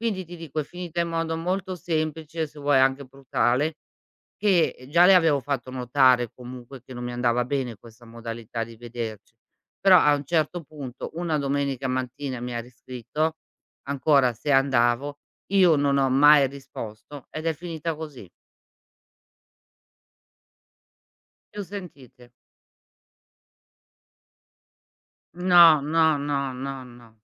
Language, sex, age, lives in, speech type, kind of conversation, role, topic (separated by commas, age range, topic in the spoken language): Italian, female, 55-59, Italy, conversation, podcast, guest, Come gestisci un litigio con un amico?